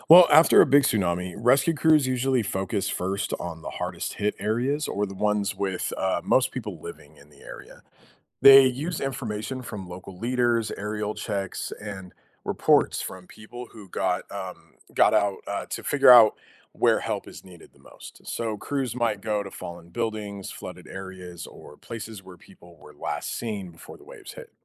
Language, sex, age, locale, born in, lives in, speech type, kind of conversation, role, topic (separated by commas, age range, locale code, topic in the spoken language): English, male, 35-39, en-US, United States, United States, read, expert-assistant, expert, How do earthquakes lead to the formation of tsunamis?